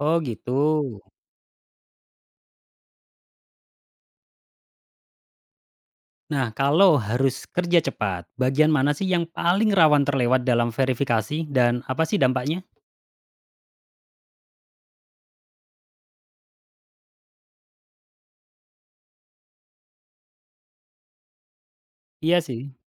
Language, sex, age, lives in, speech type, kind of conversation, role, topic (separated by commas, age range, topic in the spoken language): Indonesian, male, 35-39, Indonesia, read, expert-assistant, asker, Bagaimana menentukan prioritas antara kecepatan dan ketepatan saat meliput berita penting?